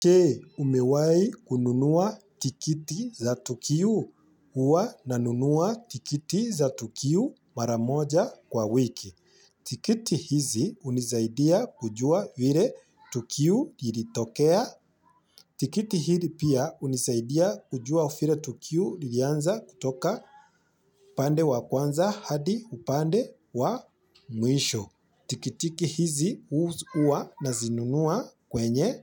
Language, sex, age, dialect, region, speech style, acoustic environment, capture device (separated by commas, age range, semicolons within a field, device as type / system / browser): Swahili, male, 25-34, Kenya - Nairobi Sheng-influenced, Kenya, happy, silence, mobile / Linux / Chrome